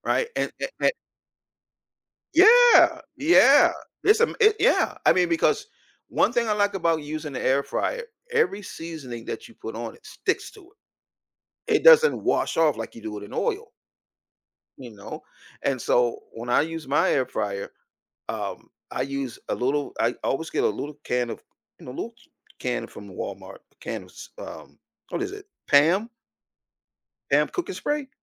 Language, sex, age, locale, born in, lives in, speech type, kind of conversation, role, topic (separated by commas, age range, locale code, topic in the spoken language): English, male, 55-59, en-US, United States, United States, conversation, podcast, guest, Why do certain foods bring us comfort and nostalgia?